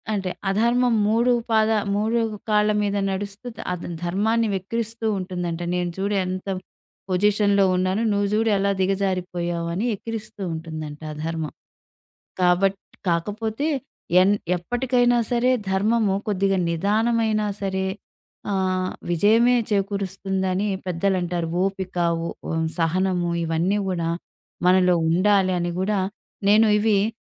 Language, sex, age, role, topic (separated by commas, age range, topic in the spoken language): Telugu, female, 40-44, guest, మాటల వల్ల నమ్మకం పోయినప్పుడు దాన్ని తిరిగి ఎలా పొందాలి?